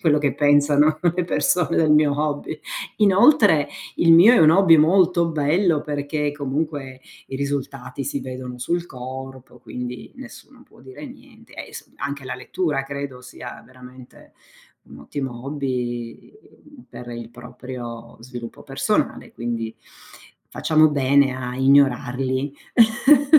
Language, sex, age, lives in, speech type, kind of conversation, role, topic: Italian, female, 50-54, United States, conversation, unstructured, none, Come reagisci quando qualcuno critica il modo in cui pratichi un hobby?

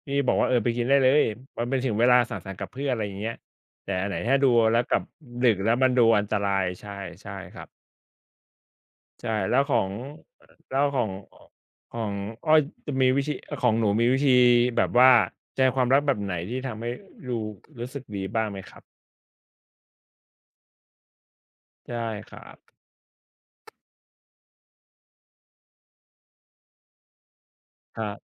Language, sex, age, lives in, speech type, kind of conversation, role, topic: Thai, male, 35-39, Thailand, conversation, unstructured, none, ถ้าคุณอยากทำให้คนรักมีความสุข คุณจะทำอย่างไร?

